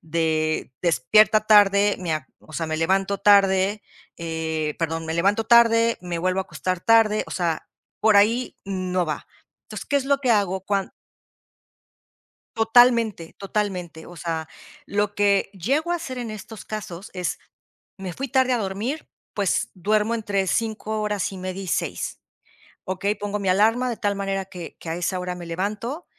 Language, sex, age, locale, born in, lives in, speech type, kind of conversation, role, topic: Spanish, female, 50-54, es-MX, Mexico, Mexico, conversation, podcast, guest, ¿Qué pequeño paso recomendarías dar hoy para empezar a cambiar?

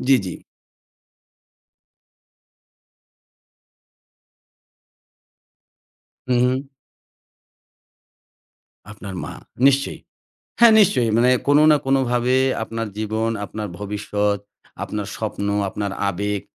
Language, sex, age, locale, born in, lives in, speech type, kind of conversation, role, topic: Bengali, male, 40-44, bn-BD, Bangladesh, Bangladesh, conversation, podcast, host, তোমার ভাষায় কোন শব্দটি অনুবাদ করা সবচেয়ে কঠিন বলে তোমার মনে হয়?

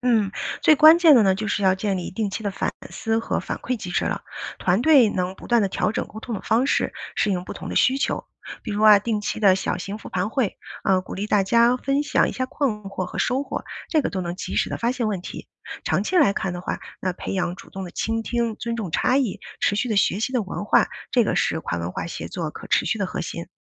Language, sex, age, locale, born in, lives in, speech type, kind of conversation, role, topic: Chinese, female, 40-44, zh-CN, China, United States, read, expert-assistant, expert, 和说不同母语的人交流时，如何避免语言上的尴尬或误解？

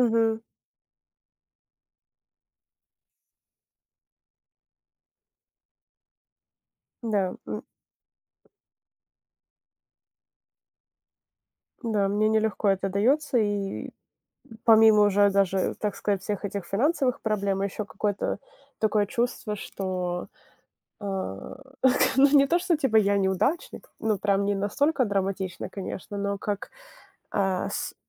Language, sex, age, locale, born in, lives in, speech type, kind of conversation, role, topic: Russian, female, 25-29, ru-RU, Ukraine, United States, conversation, advice, user, Как справиться с растущими долгами по кредитным картам?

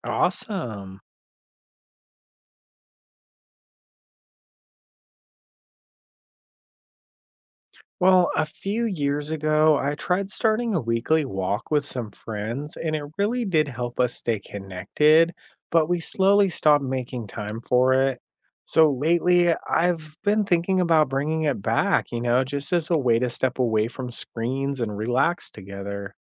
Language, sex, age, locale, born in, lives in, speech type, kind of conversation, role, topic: English, male, 35-39, en-US, United States, United States, read, expert-assistant, asker, Which fictional world would you choose to live in?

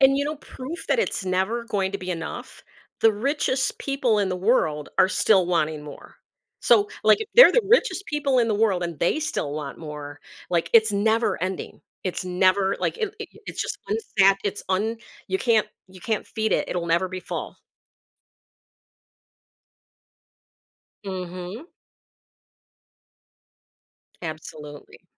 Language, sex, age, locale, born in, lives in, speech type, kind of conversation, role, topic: English, female, 55-59, en-US, United States, United States, conversation, podcast, host, How can people cope with sadness and take care of their emotional well-being?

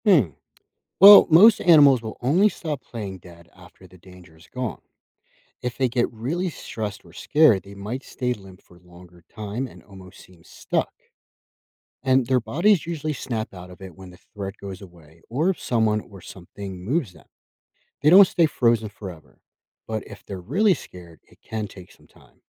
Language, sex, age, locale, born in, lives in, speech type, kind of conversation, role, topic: English, male, 30-34, en-US, United States, United States, read, expert-assistant, expert, Why do some animals play dead to survive?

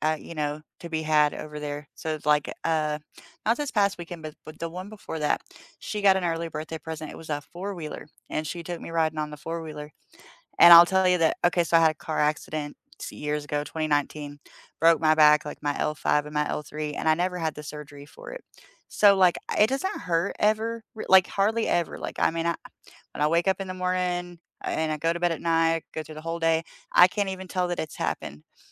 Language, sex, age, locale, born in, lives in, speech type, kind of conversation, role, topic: English, female, 30-34, en-US, United States, United States, conversation, unstructured, none, What would your ideal day look like a few years from now, and who and what would fill it?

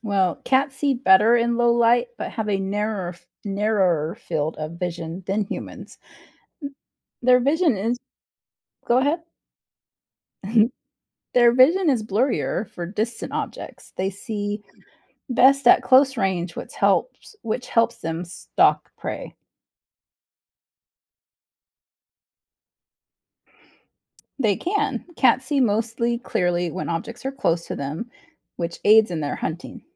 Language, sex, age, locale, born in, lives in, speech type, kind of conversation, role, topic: English, female, 45-49, en-US, United States, United States, read, expert-assistant, expert, How do cats see in the dark?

